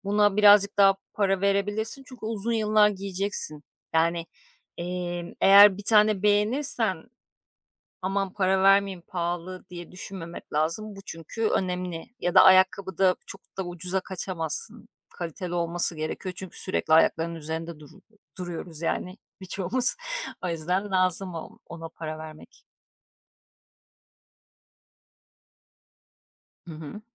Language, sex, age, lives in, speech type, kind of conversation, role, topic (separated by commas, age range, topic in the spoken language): Turkish, female, 35-39, Greece, conversation, podcast, guest, Uygun bir bütçeyle nasıl şık görünebilirsiniz?